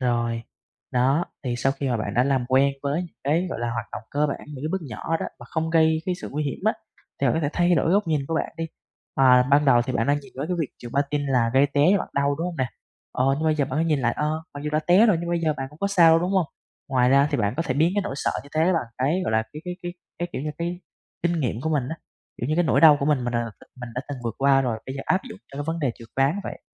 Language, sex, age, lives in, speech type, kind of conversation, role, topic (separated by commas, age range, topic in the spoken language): Vietnamese, male, 20-24, Vietnam, conversation, advice, advisor, Làm sao để bạn bắt đầu thử một sở thích mới thật nhẹ nhàng khi đang sợ?